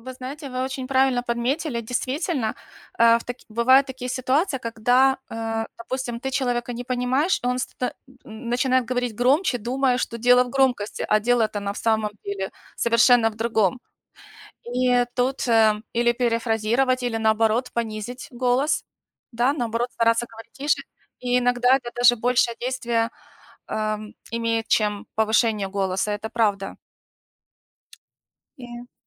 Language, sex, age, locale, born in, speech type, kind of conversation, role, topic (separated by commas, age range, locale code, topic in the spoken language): Russian, female, 45-49, ru-UA, Ukraine, conversation, unstructured, none, Как ты выражаешь свои мысли и чувства?